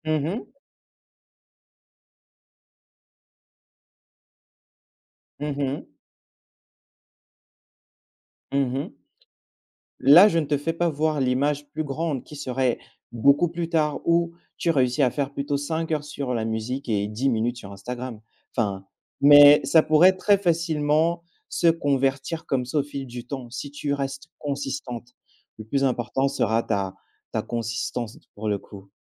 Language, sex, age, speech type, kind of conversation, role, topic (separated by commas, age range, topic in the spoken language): French, male, 25-29, conversation, advice, advisor, Comment vivez-vous la distraction numérique constante liée aux réseaux sociaux et aux notifications ?